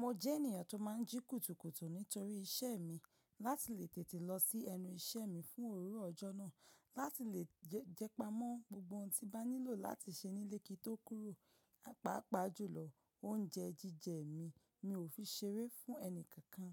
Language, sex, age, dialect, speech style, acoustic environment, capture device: Yoruba, female, 25-34, Nigeria - Ibadan, focused, nature; appliances; silence, mobile / macOS / Safari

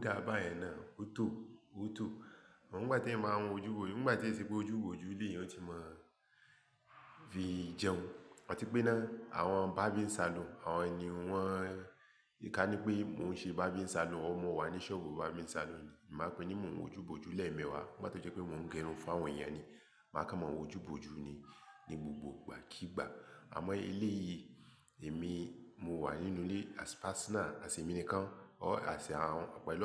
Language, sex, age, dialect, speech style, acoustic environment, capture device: Yoruba, male, 35-44, Nigeria - Lagos Yoruba, focused, silence, mobile / Linux / Chrome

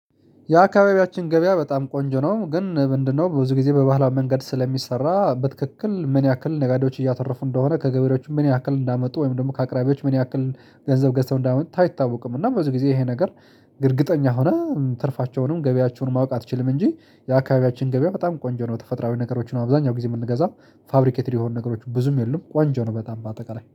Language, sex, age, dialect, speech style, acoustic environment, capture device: Amharic, male, 35-44, Ethiopia - Addis Ababa, excited, silence, mobile / Linux / Chrome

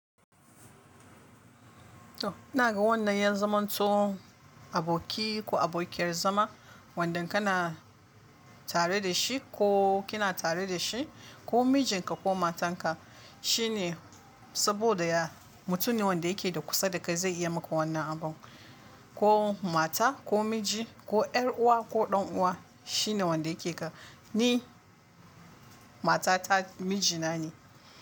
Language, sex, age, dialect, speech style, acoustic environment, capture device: Hausa, female, 25-34, Nigeria - Kano, relaxed; focused, nature; silence, mobile / Linux / Chrome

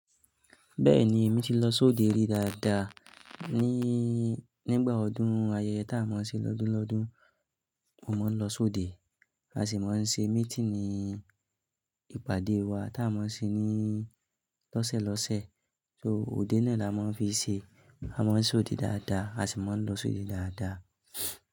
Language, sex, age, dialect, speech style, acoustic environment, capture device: Yoruba, male, 25-34, Nigeria - Nigerian Standard, focused, silence, mobile / Linux / Chrome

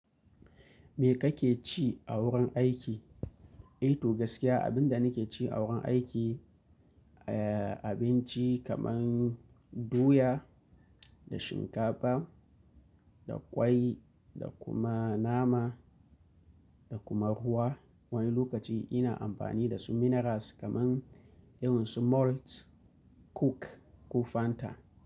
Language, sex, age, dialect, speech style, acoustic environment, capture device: Hausa, male, 25-34, Nigeria - Kano, happy, nature; appliances, mobile / Linux / Chrome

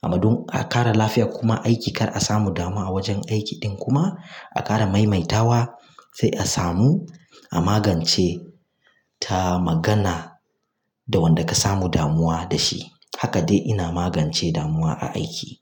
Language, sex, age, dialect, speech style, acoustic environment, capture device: Hausa, male, 25-34, Nigeria - Kano, focused, silence, mobile / Linux / Chrome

